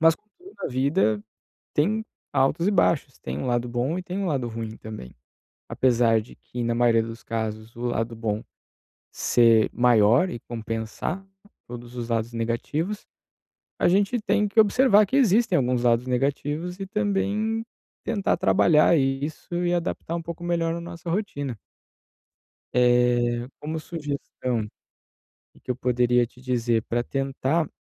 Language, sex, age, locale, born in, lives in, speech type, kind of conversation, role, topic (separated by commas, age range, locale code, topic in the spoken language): Portuguese, male, 30-34, pt-BR, Brazil, Italy, conversation, advice, advisor, Como posso parar de me sabotar e mudar hábitos ruins?